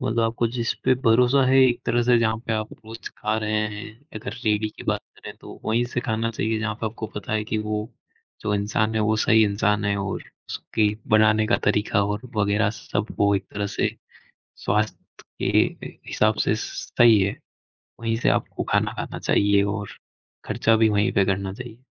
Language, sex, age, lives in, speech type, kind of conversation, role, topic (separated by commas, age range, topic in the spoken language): Hindi, male, 20-24, India, conversation, unstructured, none, पैसे बचाने के लिए सबसे अच्छी आदत क्या हो सकती है?